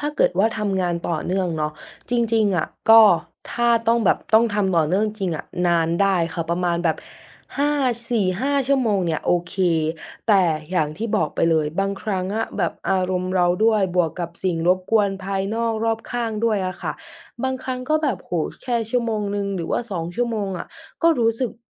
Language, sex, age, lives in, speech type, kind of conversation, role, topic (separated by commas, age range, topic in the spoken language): Thai, female, 20-24, Thailand, conversation, advice, user, ทำอย่างไรถึงจะโฟกัสทำงานได้มากขึ้นเมื่อมีสิ่งรบกวนทำให้เสียสมาธิง่าย?